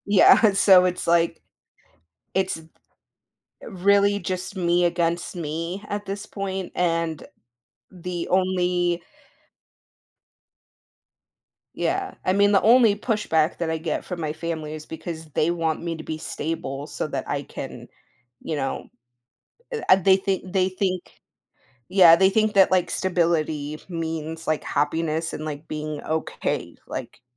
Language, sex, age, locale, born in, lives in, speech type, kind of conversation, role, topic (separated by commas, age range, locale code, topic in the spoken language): English, female, 30-34, en-US, United States, United States, conversation, podcast, guest, What qualities or experiences shape your idea of a fulfilling career?